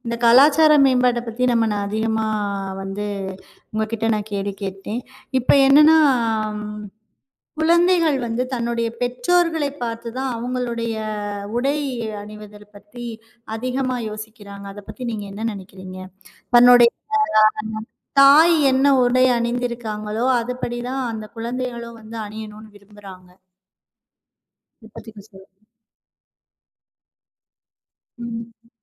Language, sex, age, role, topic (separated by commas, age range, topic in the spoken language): Tamil, female, 35-39, host, உடை அணிவதால் மனநிலை மாறும் என்று நீங்கள் நினைக்கிறீர்களா? ஏன்?